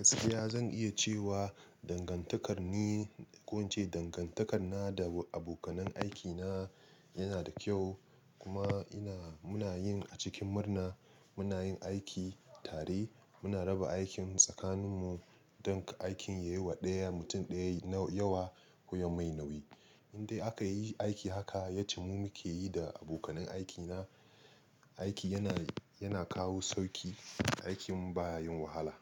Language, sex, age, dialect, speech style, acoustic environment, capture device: Hausa, male, 25-34, Nigeria - Nigerian Standard, relaxed, silence, mobile / Linux / Chrome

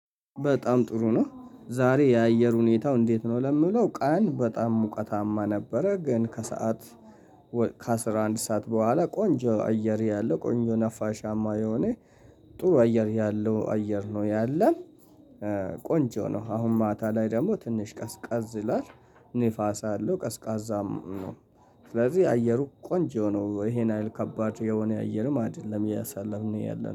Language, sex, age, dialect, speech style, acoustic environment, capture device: Amharic, male, 25-34, Ethiopia - Addis Ababa, happy; relaxed; excited, people talking, mobile / Linux / Chrome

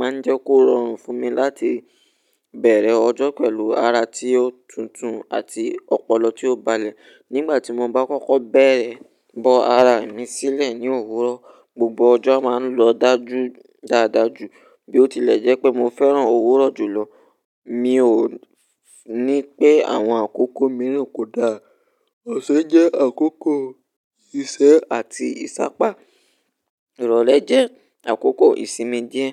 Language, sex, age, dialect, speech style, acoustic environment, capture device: Yoruba, male, 18-24, Nigeria - Ibadan, relaxed, nature, mobile / Linux / Chrome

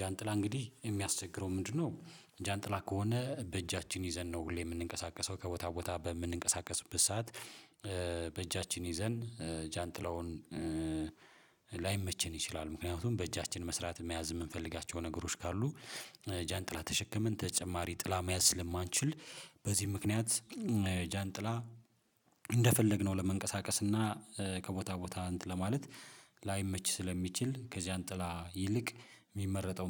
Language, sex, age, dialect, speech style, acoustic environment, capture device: Amharic, male, 35-44, Ethiopia - Addis Ababa, happy, silence, mobile / Linux / Chrome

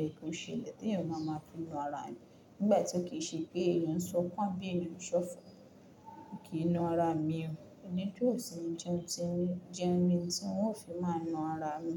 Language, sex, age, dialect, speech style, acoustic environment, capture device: Yoruba, female, 18-24, Nigeria - Lagos Yoruba, focused; relaxed, silence, mobile / Linux / Chrome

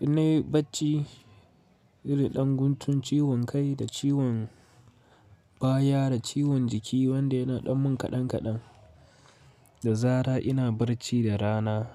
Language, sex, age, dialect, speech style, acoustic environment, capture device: Hausa, male, 18-24, Nigeria - Katsina, focused, nature, mobile / Linux / Chrome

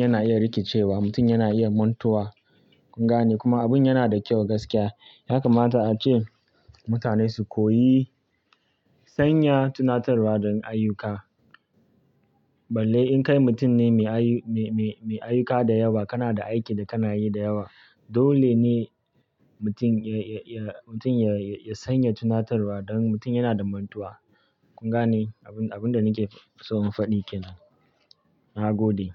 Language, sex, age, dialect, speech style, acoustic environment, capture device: Hausa, male, 25-34, Nigeria - Kano, anxious, nature, mobile / Linux / Chrome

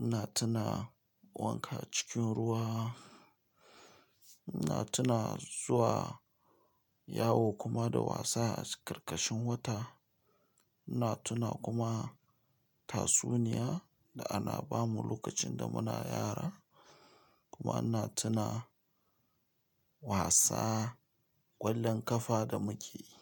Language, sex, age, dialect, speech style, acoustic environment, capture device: Hausa, male, 35-44, Nigeria - Nigerian Standard, relaxed; focused, silence, mobile / Linux / Chrome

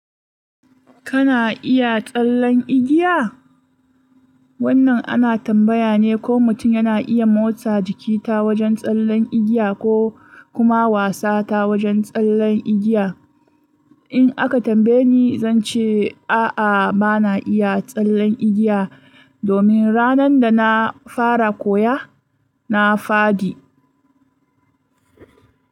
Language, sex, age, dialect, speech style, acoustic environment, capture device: Hausa, female, 25-34, Nigeria - Kano, focused, nature, mobile / Linux / Chrome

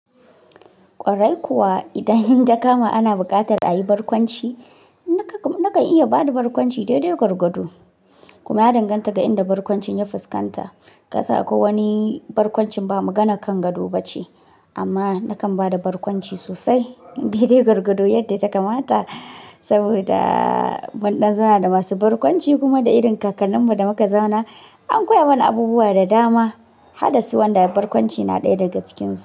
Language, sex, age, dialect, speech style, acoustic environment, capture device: Hausa, male, 18-24, Nigeria - Kano, focused; relaxed; excited; happy, silence, mobile / Linux / Chrome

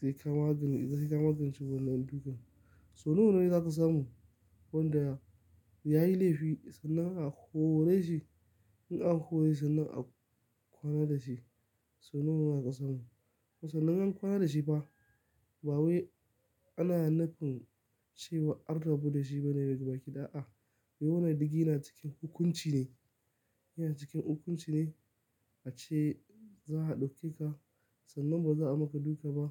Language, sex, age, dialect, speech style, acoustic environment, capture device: Hausa, male, 25-34, Nigeria - Kano, tired; nervous; focused; stressed, nature; silence, mobile / Linux / Chrome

